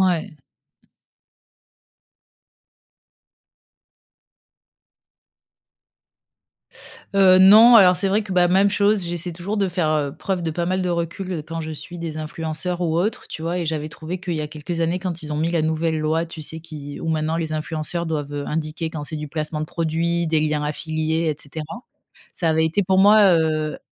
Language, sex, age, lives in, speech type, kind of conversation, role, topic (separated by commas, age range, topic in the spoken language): French, female, 35-39, France, conversation, podcast, guest, Selon toi, les réseaux sociaux encouragent-ils davantage le minimalisme ou l’excès ?